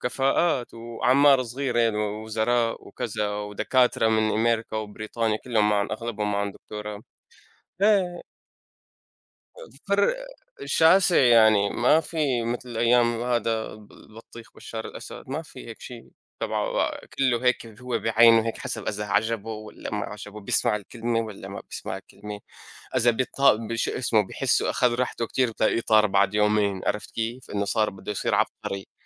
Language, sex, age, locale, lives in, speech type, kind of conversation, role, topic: Arabic, male, 25-29, ar-SY, United States, conversation, unstructured, none, إيه دور الشباب في تحسين المجتمع؟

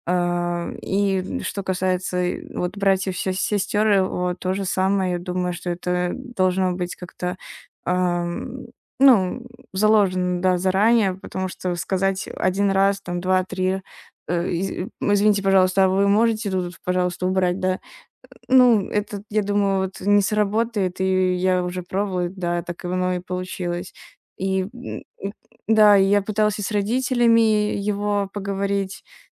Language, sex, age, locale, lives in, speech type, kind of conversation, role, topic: Russian, female, 20-24, ru-RU, Estonia, conversation, advice, user, Как стресс и беспорядок из-за слишком большого количества вещей дома влияют на вас?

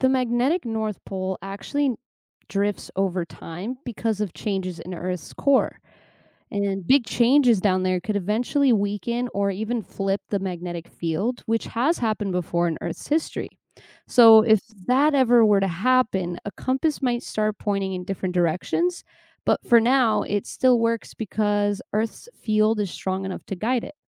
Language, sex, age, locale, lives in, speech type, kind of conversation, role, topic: English, female, 25-29, en-US, United States, read, expert-assistant, expert, How do compasses and animals use Earth's magnetic field for navigation?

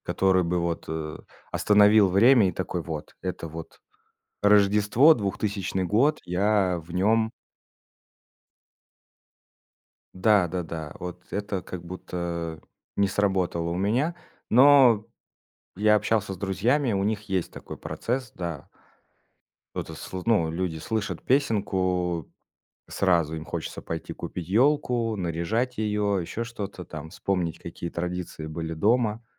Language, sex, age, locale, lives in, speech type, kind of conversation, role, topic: Russian, male, 30-34, ru-RU, Estonia, conversation, podcast, guest, Какая песня всегда возвращает вас в детство?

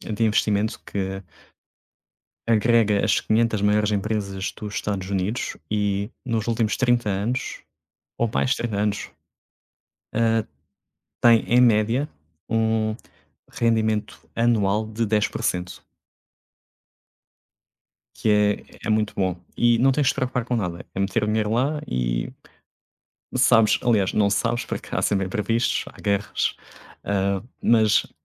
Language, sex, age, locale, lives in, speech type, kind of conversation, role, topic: Portuguese, male, 30-34, pt-PT, Portugal, conversation, unstructured, none, Você acha importante ter uma reserva de emergência?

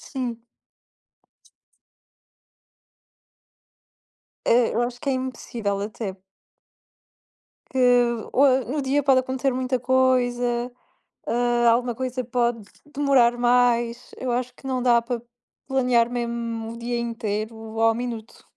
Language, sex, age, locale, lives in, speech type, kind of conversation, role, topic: Portuguese, female, 20-24, pt-PT, Portugal, conversation, unstructured, none, Qual é a sua rotina para ter um dia produtivo?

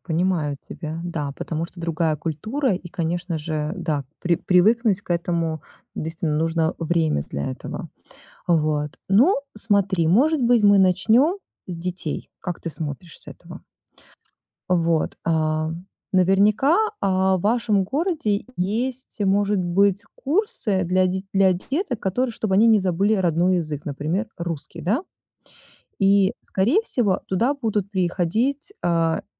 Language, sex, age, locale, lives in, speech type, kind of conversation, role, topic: Russian, female, 40-44, ru-RU, United States, conversation, advice, advisor, Как мне быстрее привыкнуть к жизни в новом городе?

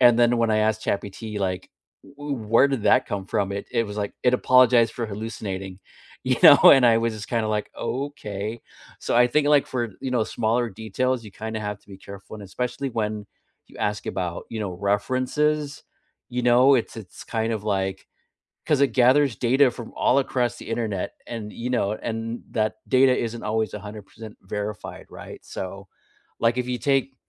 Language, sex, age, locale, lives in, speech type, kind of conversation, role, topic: English, male, 45-49, en-US, United States, conversation, unstructured, none, How has technology changed the way people learn?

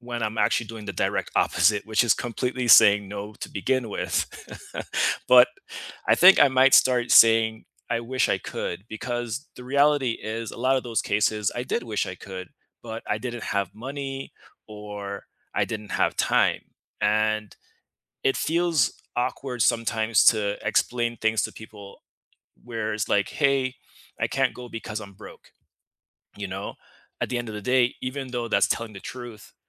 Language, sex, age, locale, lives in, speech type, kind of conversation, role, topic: English, male, 35-39, en-US, United States, conversation, unstructured, none, How can you say no without feeling guilty while keeping your relationships strong?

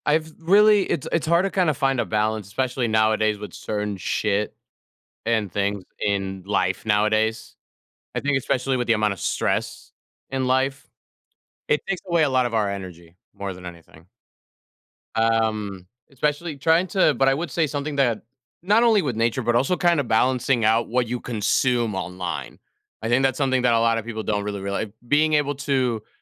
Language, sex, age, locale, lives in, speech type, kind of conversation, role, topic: English, male, 20-24, en-US, United States, conversation, unstructured, none, How do you decide what to do based on your energy level rather than your to-do list?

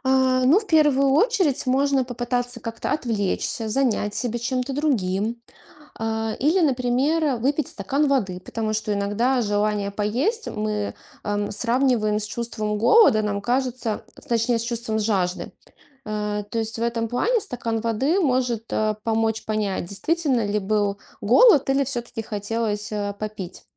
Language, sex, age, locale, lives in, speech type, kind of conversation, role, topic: Russian, female, 35-39, ru-RU, Estonia, conversation, podcast, guest, Как ты отличаешь настоящий голод от скуки?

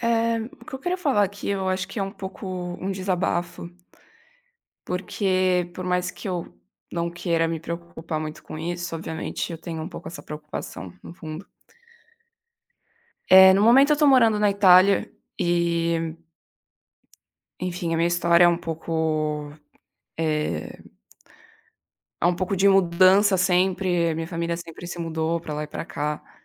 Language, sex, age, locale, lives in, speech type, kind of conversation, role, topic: Portuguese, female, 20-24, pt-BR, Italy, conversation, advice, user, Como posso fazer amigos no novo bairro?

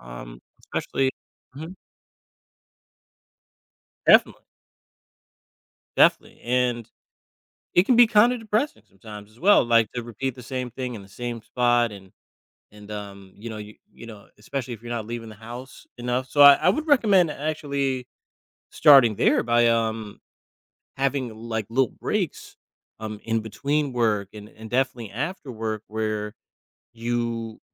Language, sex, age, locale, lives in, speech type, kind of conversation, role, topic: English, male, 35-39, en-US, United States, conversation, advice, advisor, How can I add small, regular excitement to my daily routine?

